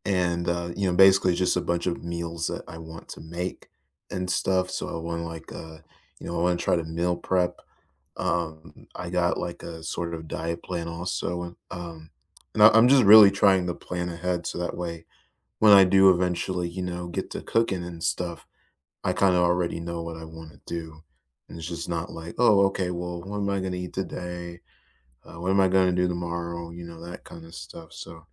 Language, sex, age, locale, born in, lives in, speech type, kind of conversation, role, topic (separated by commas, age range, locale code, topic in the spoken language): English, male, 20-24, en-US, United States, United States, conversation, unstructured, none, What simple weekend rituals do you look forward to?